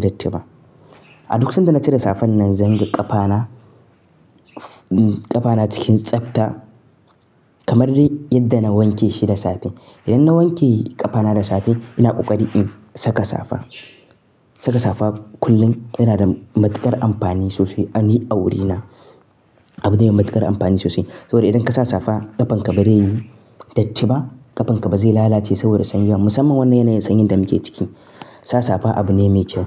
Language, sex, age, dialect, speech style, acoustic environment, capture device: Hausa, male, 18-24, Nigeria - Nigerian Standard, happy; focused, nature, mobile / Linux / Chrome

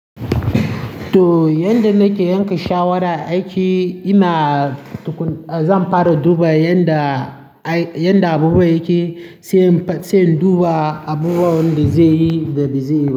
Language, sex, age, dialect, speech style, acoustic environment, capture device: Hausa, male, 18-24, Nigeria - Nigerian Standard, happy; nervous, people talking; traffic; construction, mobile / Linux / Chrome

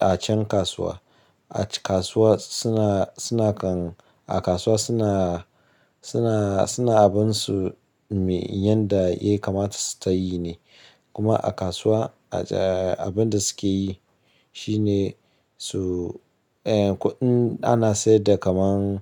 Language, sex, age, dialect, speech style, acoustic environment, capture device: Hausa, male, 25-34, Nigeria - Ibadan, anxious; happy; tired; stressed, nature; silence; appliances, mobile / Linux / Chrome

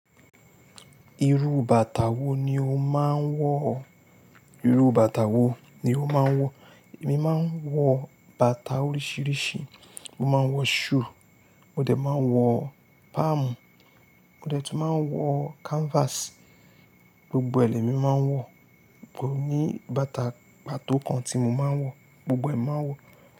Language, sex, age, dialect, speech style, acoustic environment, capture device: Yoruba, male, 25-34, Nigeria - Lagos Yoruba, happy, silence, mobile / Linux / Chrome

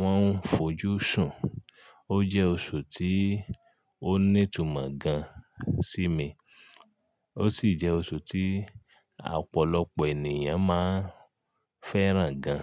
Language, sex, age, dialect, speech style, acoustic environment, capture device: Yoruba, male, 35-44, Nigeria - Ibadan, relaxed, silence; nature, mobile / Linux / Chrome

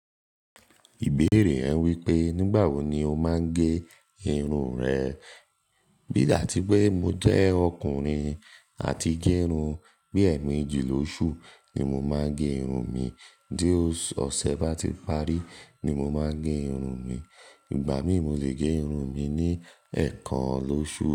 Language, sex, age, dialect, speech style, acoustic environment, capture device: Yoruba, male, 18-24, Nigeria - Nigerian Standard, focused; relaxed, nature, mobile / Linux / Chrome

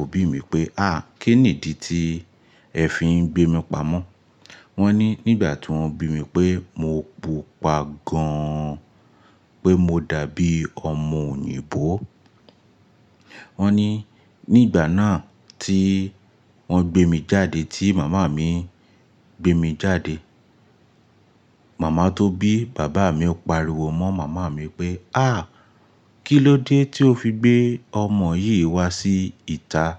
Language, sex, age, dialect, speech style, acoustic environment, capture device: Yoruba, male, 25-34, Nigeria - Lagos Yoruba, focused; relaxed, appliances; nature, mobile / Linux / Chrome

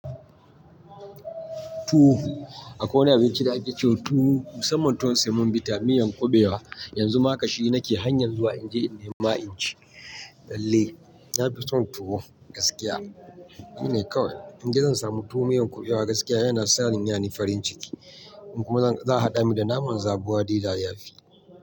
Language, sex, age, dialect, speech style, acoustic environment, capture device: Hausa, male, 25-34, Nigeria - Nigerian Standard, happy, people talking, mobile / Linux / Chrome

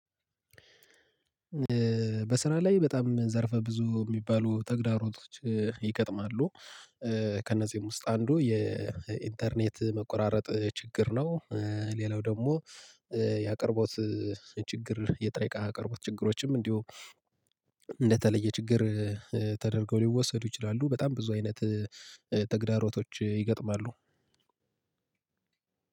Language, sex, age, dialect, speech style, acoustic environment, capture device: Amharic, male, 25-34, Ethiopia - Gojjam, relaxed, nature, mobile / Linux / Chrome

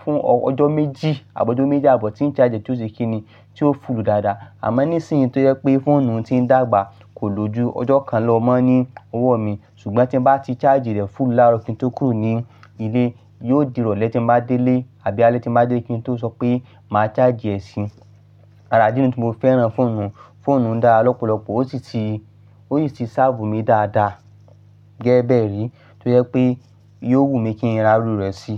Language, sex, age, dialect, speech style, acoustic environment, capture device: Yoruba, male, 25-34, Nigeria - Ibadan, focused, nature, mobile / Linux / Chrome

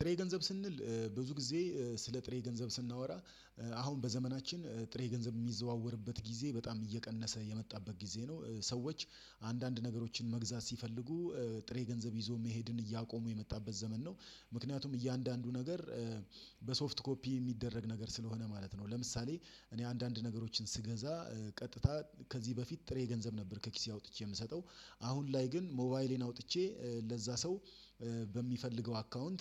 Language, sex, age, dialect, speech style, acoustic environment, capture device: Amharic, male, 35-44, Ethiopia - Addis Ababa, relaxed; excited, silence; nature, desktop / Windows / Chrome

